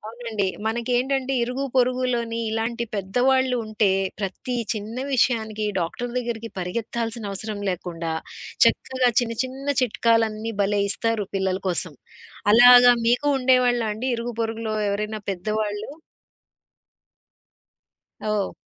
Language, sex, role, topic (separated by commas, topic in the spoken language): Telugu, female, host, స్థానికుల నుంచి మీకు సహాయం ఎలా లభించింది?